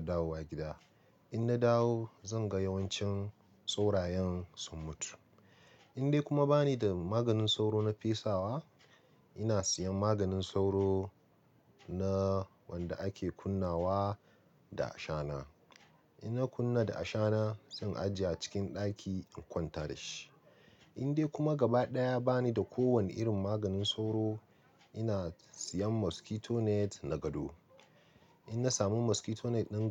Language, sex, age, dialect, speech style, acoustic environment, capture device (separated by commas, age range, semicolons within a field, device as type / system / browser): Hausa, male, 25-34, Nigeria - Nigerian Standard, relaxed, people talking, mobile / Linux / Chrome